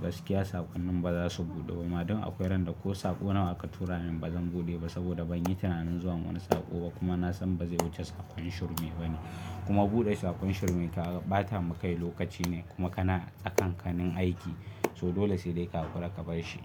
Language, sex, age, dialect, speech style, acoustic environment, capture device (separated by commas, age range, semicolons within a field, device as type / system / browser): Hausa, male, 18-24, Nigeria - Kano, focused, silence, mobile / Linux / Chrome